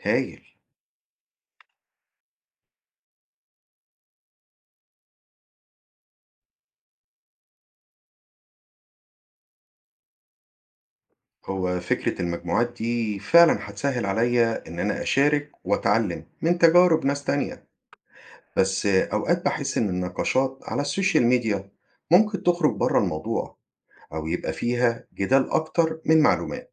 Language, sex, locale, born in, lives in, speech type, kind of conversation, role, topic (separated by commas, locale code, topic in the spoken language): Arabic, male, ar-EG, Egypt, United States, read, expert-assistant, asker, إزاي أتعلم عن تغير المناخ وأميز المعلومات الصح؟